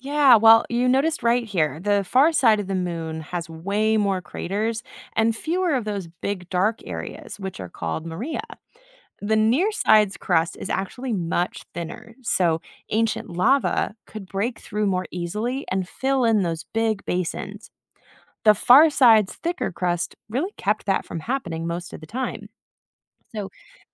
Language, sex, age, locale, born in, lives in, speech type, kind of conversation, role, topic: English, female, 30-34, en-US, United States, United States, read, expert-assistant, expert, Why does the Moon look and change the way it does?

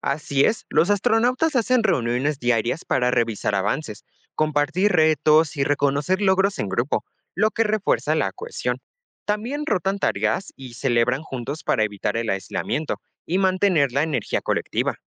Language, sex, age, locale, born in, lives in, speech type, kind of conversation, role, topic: Spanish, male, 18-19, es-MX, Mexico, Mexico, read, expert-assistant, expert, ¿Qué lecciones de los astronautas puedo aplicar para alcanzar mis metas personales?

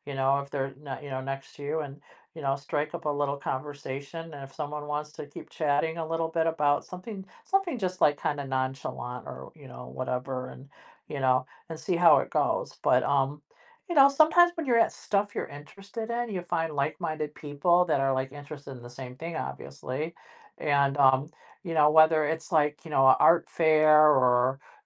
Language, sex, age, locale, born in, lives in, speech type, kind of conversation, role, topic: English, female, 55-59, en-US, United States, United States, conversation, advice, advisor, How do I adjust to living alone?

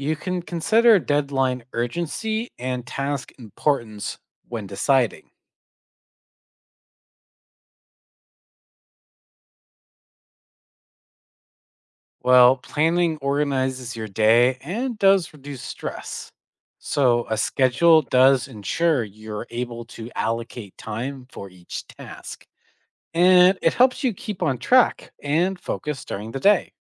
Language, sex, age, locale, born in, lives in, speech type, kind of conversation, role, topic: English, male, 25-29, en-CA, Canada, United States, read, expert-assistant, expert, What practices contribute to effective time management?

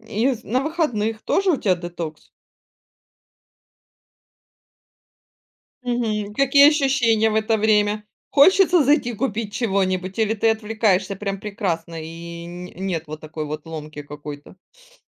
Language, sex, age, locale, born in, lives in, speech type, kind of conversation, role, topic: Russian, female, 40-44, ru-RU, Ukraine, Spain, conversation, podcast, host, Как, по твоему мнению, соцсети влияют на твой стиль?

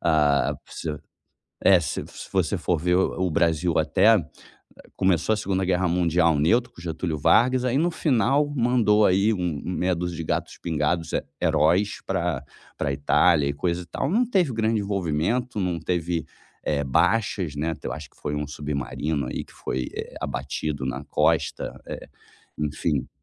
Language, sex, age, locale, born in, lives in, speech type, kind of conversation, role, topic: Portuguese, male, 35-39, pt-BR, Brazil, Germany, conversation, advice, user, Como posso evitar me sentir sobrecarregado(a) quando tudo parece incerto e fora do meu controle?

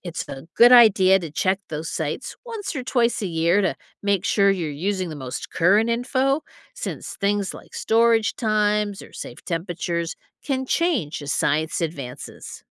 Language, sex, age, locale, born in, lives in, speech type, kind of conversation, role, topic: English, female, 55-59, en-US, United States, United States, read, expert-assistant, expert, Where can I find reliable food safety resources?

